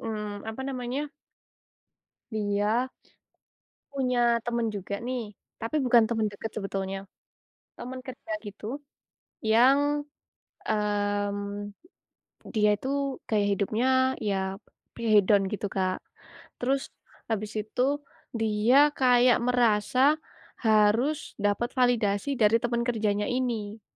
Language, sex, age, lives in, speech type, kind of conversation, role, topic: Indonesian, female, 20-24, Indonesia, conversation, unstructured, none, Apa pendapat kamu tentang orang yang sering berutang demi gaya hidup?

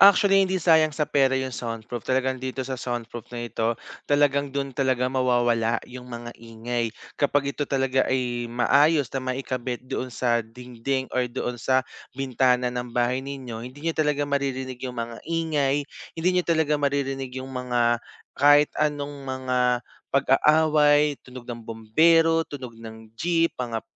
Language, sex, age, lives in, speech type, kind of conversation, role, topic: Filipino, male, 25-29, Philippines, conversation, advice, advisor, Paano ako makakapagpahinga at makapagpaka-relaks kung maingay o maraming abala sa bahay?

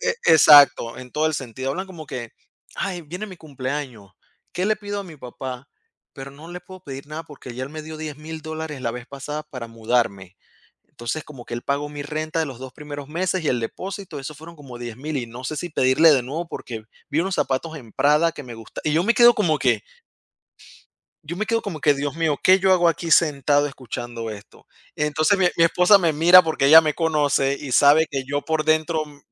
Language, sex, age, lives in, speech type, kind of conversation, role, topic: Spanish, male, 30-34, United States, conversation, unstructured, none, ¿Es justo que el éxito dependa tanto del dinero que tienes al principio?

